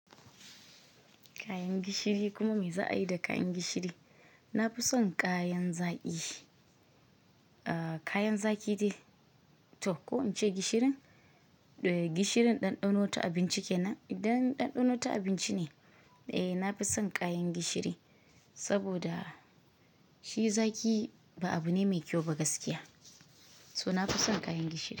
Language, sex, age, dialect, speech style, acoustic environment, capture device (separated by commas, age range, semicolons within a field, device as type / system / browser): Hausa, female, 25-34, Nigeria - Kano, focused, silence, mobile / Linux / Chrome